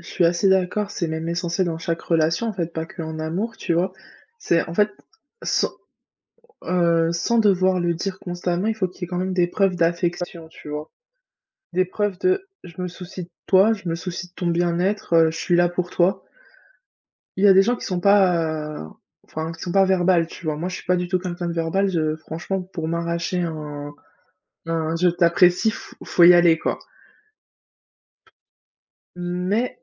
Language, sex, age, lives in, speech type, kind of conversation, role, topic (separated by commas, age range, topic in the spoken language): French, female, 25-29, France, conversation, unstructured, none, Penses-tu qu’il faille se battre pour sauver une relation ?